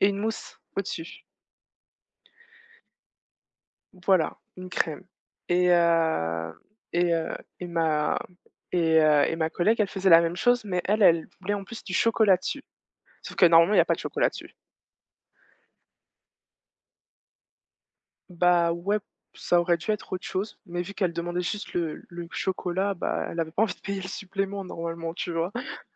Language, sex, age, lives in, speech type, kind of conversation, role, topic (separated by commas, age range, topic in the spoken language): French, female, 25-29, France, conversation, unstructured, none, Quels critères utilisez-vous pour choisir un bon café ?